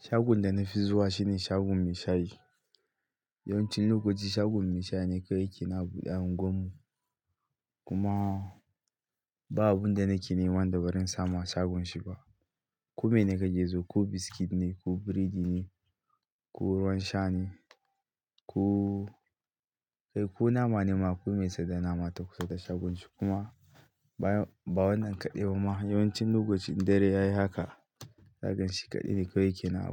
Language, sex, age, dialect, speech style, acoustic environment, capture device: Hausa, male, 25-34, Nigeria - Katsina, happy, silence, mobile / Linux / Chrome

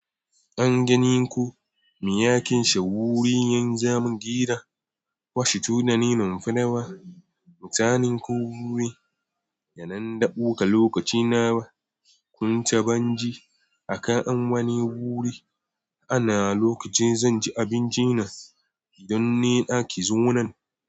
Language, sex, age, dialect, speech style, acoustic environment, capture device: Hausa, male, 18-24, Nigeria - Nigerian Standard, relaxed, silence, mobile / Android / Chrome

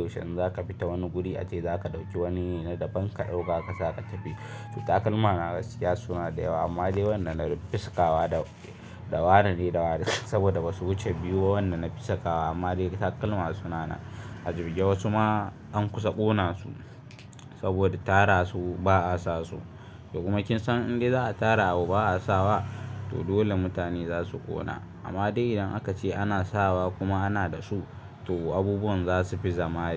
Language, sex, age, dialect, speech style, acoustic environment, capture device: Hausa, male, 18-24, Nigeria - Kano, focused, silence, mobile / Linux / Chrome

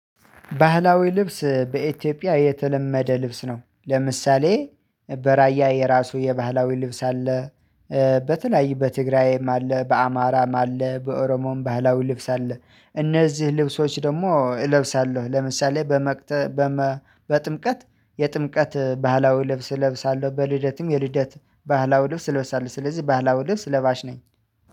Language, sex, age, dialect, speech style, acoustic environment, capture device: Amharic, male, 25-34, Ethiopia - Addis Ababa, excited, silence, mobile / Linux / Chrome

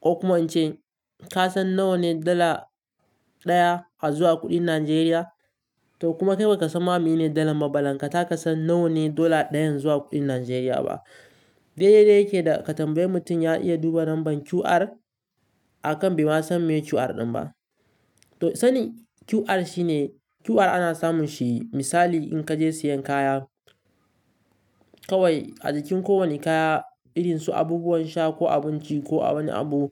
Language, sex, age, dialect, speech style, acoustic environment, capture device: Hausa, male, 18-24, Nigeria - Kano, relaxed; focused, nature, mobile / Linux / Chrome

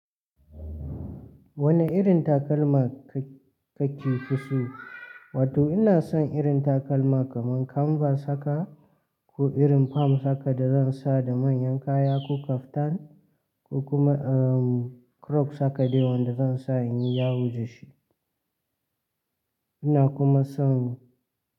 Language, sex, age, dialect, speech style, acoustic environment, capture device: Hausa, male, 18-24, Nigeria - Katsina, focused, people talking, mobile / Linux / Chrome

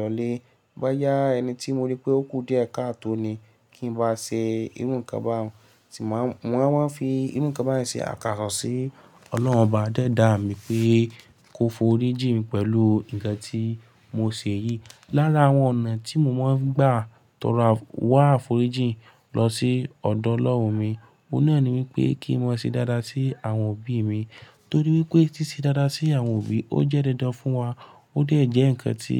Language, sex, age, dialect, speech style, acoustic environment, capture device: Yoruba, male, 18-24, Nigeria - Lagos Yoruba, focused, nature, mobile / Linux / Chrome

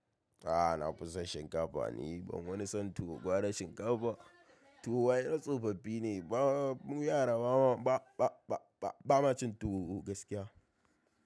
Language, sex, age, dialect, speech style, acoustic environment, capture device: Hausa, male, 18-24, Nigeria - Kano, happy; stressed, people talking, mobile / macOS / Safari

